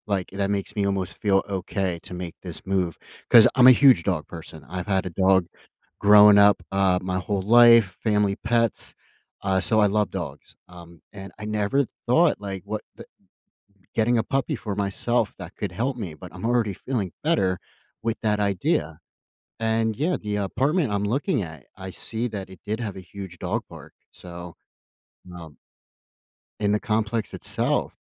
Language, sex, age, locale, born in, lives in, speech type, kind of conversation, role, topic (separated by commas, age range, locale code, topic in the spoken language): English, male, 30-34, en-US, United States, United States, conversation, advice, user, How should I decide between major life options?